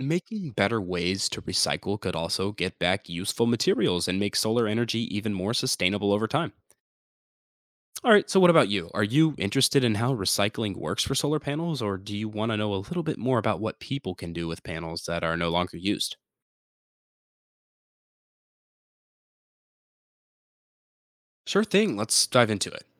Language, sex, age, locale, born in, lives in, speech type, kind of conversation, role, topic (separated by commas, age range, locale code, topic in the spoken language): English, male, 18-19, en-US, United States, United States, read, expert-assistant, expert, How do solar panels work and what happens to them over their lifespan?